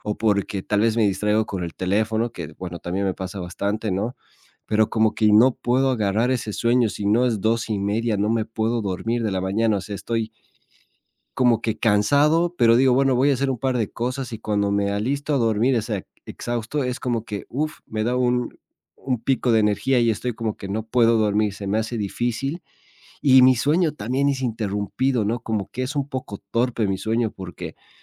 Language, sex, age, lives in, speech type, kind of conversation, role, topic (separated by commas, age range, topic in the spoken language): Spanish, male, 25-29, Spain, conversation, advice, user, ¿Cómo describirías tu fatiga mental después de pocas horas de trabajo profundo?